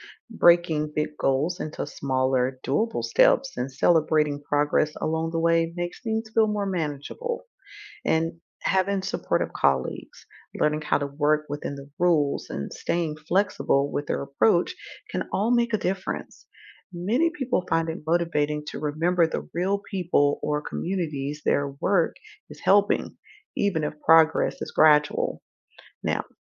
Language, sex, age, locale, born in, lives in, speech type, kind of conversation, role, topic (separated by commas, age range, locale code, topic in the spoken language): English, female, 45-49, en-US, United States, United States, read, expert-assistant, expert, How can public servants stay motivated amid bureaucracy?